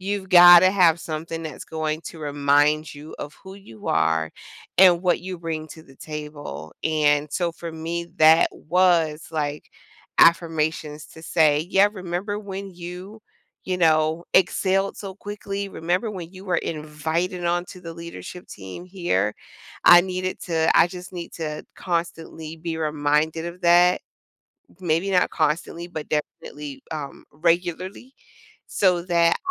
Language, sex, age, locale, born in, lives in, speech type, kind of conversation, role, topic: English, female, 45-49, en-US, United States, United States, conversation, podcast, guest, How do you approach personal growth by challenging your own boundaries?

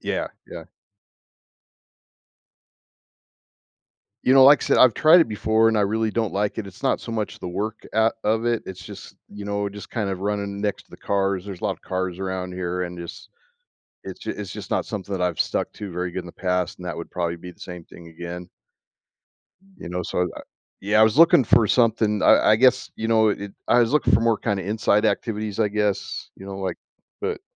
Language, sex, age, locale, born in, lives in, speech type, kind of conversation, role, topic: English, male, 55-59, en-US, United States, United States, conversation, advice, user, How can I build and stick to healthier daily habits?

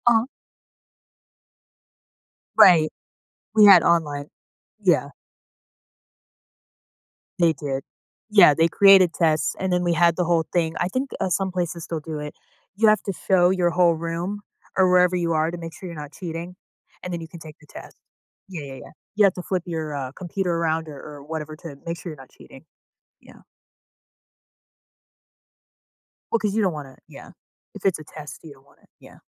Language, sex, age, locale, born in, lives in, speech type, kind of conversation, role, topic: English, female, 25-29, en-US, United States, United States, conversation, podcast, guest, How do major historical events continue to shape our world today?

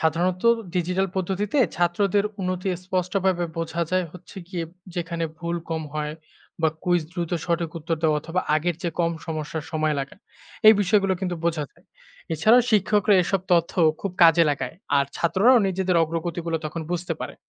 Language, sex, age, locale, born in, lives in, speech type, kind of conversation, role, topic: Bengali, male, 25-29, bn-BD, Bangladesh, Bangladesh, read, expert-assistant, expert, নতুন কিছু দ্রুত শেখার জন্য কোন দেশের স্কুলে কেমন কৌশল ব্যবহার হয়?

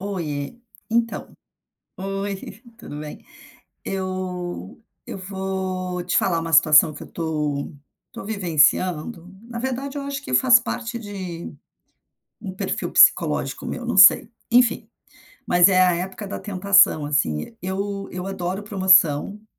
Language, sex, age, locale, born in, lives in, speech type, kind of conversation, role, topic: Portuguese, female, 55-59, pt-BR, Brazil, United States, conversation, advice, user, Como posso evitar ceder a promoções e descontos frequentes?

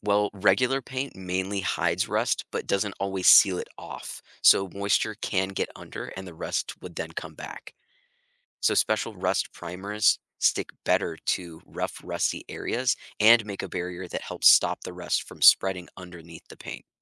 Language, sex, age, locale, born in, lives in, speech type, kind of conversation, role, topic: English, male, 25-29, en-US, United States, United States, read, expert-assistant, expert, Why do some metals rust and others don’t?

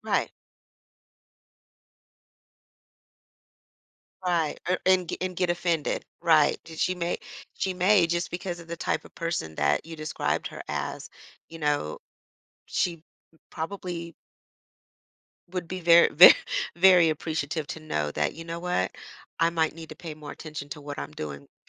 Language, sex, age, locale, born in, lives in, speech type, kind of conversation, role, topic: English, female, 45-49, en-US, United States, United States, conversation, advice, advisor, How can I address my partner's table manners?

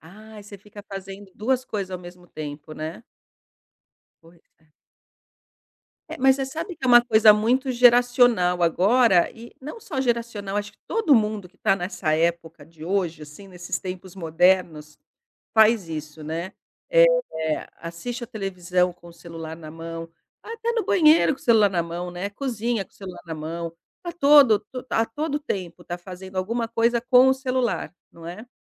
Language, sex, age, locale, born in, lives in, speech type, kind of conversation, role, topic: Portuguese, female, 50-54, pt-BR, Brazil, Portugal, conversation, advice, advisor, Como posso encontrar tempo para os meus hobbies preferidos?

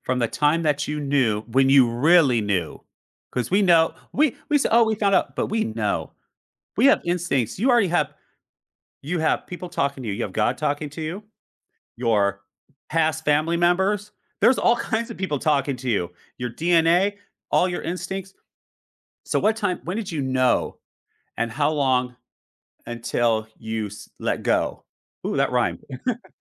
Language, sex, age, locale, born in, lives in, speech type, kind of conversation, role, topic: English, male, 45-49, en-US, United States, United States, conversation, advice, advisor, How can I notice and savor small moments of happiness in my day?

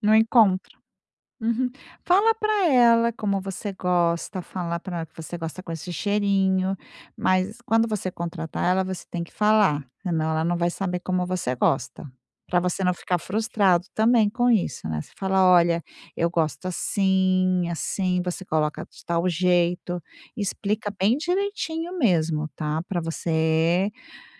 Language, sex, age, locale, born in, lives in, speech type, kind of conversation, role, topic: Portuguese, female, 50-54, pt-BR, Brazil, Spain, conversation, advice, advisor, Como posso melhorar minha rotina diária para ser mais produtivo?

